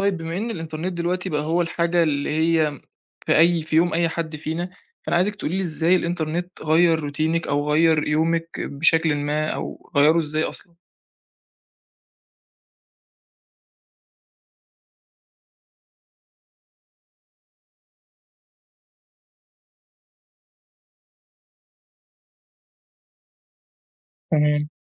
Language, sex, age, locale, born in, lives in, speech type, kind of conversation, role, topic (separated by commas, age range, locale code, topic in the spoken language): Arabic, male, 20-24, ar-EG, Egypt, Egypt, conversation, podcast, host, ازاي الإنترنت غيّر روتينك اليومي؟